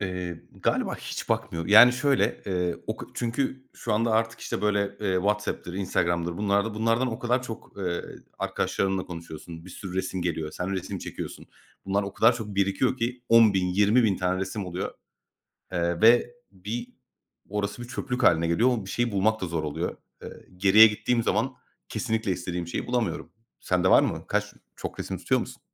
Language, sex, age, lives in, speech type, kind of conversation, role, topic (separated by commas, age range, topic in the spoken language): Turkish, male, 30-34, Greece, conversation, unstructured, none, Sevdiklerinin anısını yaşatmak için neler yaparsın?